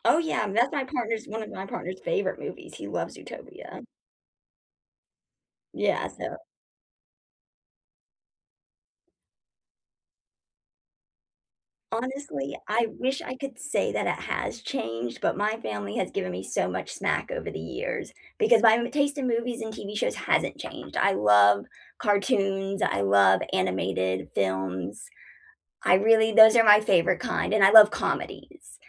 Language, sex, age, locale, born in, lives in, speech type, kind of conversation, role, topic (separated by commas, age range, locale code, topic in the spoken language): English, female, 25-29, en-US, United States, United States, conversation, unstructured, none, What kind of movies do you usually enjoy watching?